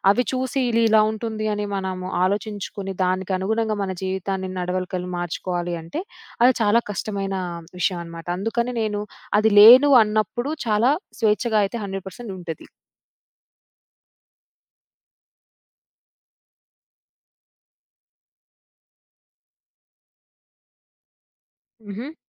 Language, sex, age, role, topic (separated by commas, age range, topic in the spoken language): Telugu, female, 25-29, guest, ఫోన్‌ను పూర్తిగా ఆపేస్తే ఒక రోజు మీకు ఎలా అనిపిస్తుంది?